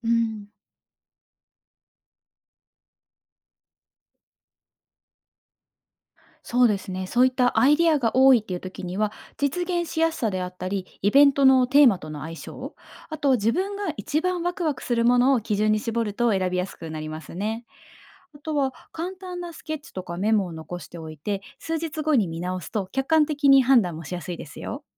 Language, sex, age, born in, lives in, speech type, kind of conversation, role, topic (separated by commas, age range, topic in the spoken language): Japanese, female, 30-34, Japan, Japan, read, expert-assistant, expert, インスピレーションが多すぎて迷う時、どんな風に優先するのがデジタルアート制作には向いていますか？